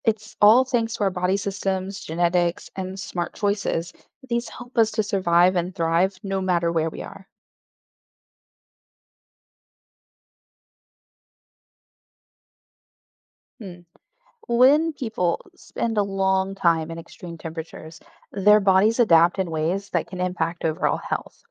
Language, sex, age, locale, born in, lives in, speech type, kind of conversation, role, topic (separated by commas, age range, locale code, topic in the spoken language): English, female, 35-39, en-US, Germany, United States, read, expert-assistant, expert, How does the body adapt to extreme environments?